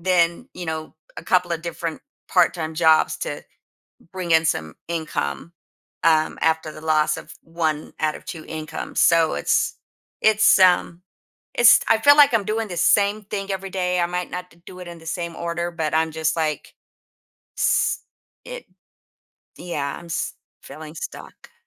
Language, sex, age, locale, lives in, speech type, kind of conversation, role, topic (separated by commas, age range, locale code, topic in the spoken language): English, female, 55-59, en-US, United States, conversation, advice, user, How can I break out of my daily routine?